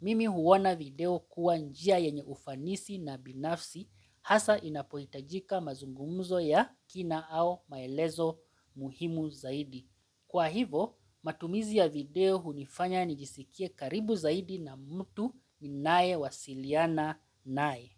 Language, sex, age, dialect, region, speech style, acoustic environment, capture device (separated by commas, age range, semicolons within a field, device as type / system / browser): Swahili, male, 35-44, Kenya - Mombasa, Kenya, relaxed, silence, mobile / Linux / Chrome